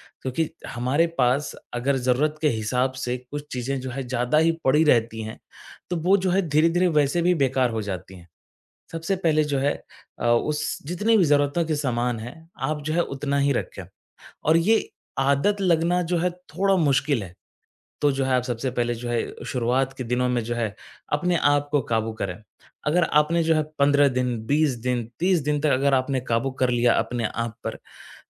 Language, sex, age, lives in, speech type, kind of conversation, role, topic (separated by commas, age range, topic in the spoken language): Hindi, male, 25-29, India, conversation, advice, advisor, मैं अपनी मौजूदा चीज़ों से संतुष्ट कैसे रहूँ?